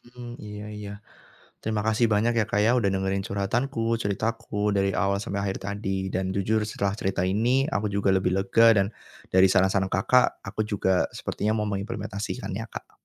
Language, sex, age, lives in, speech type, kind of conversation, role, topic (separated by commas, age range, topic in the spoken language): Indonesian, male, 25-29, Indonesia, conversation, advice, user, Bagaimana menjelaskan bahwa persahabatan ini terasa tidak seimbang?